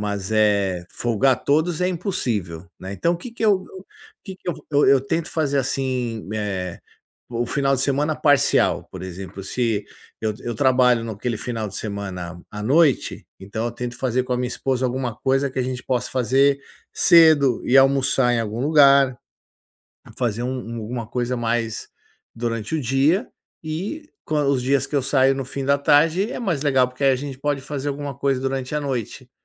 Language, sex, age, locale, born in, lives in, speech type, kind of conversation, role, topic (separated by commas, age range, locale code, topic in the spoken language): Portuguese, male, 50-54, pt-BR, Brazil, United States, conversation, advice, user, Como posso equilibrar melhor o trabalho e o tempo livre?